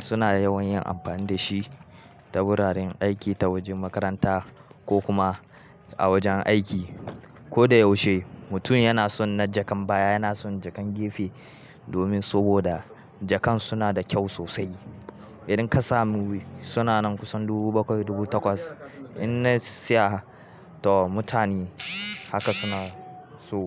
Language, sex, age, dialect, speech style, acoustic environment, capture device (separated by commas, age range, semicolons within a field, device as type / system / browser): Hausa, male, 18-24, Nigeria - Kano, happy; anxious; tired, nature; music, mobile / Linux / Chrome